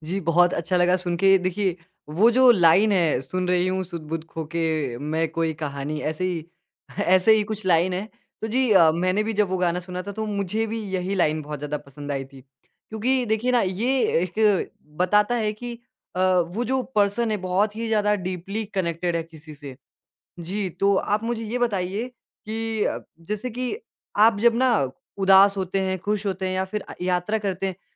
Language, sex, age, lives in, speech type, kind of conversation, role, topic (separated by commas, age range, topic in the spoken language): Hindi, male, 20-24, India, conversation, podcast, host, आपका सबसे पसंदीदा गाना कौन सा है और क्यों?